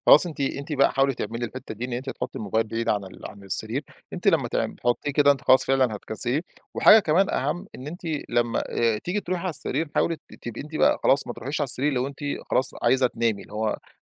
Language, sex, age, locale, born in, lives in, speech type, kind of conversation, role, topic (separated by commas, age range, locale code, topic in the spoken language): Arabic, male, 35-39, ar-EG, Egypt, Egypt, conversation, advice, advisor, إزاي اعتمادك على الموبايل قبل النوم بيأثر على راحتك؟